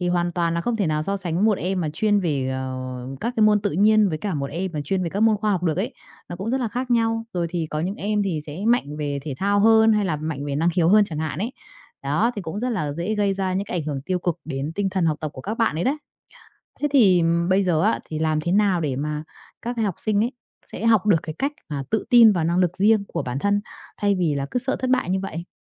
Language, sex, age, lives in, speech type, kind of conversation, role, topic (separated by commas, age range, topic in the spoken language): Vietnamese, female, 30-34, Vietnam, conversation, podcast, host, Làm thế nào để giảm áp lực học hành cho học sinh?